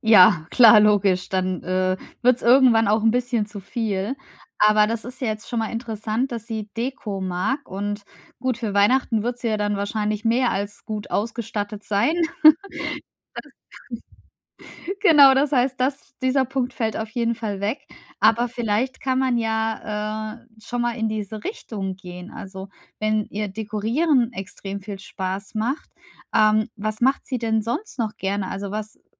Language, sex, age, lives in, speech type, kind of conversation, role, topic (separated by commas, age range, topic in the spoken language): German, female, 35-39, Germany, conversation, advice, advisor, Wie finde ich das richtige Geschenk, wenn ich unsicher bin?